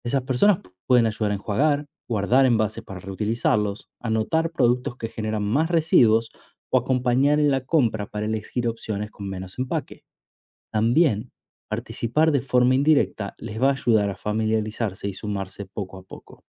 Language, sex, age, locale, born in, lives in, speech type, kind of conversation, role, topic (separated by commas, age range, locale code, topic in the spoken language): Spanish, male, 30-34, es-AR, Argentina, Italy, read, expert-assistant, expert, ¿Es preferible reciclar o reducir el consumo para disminuir nuestra huella ambiental diaria?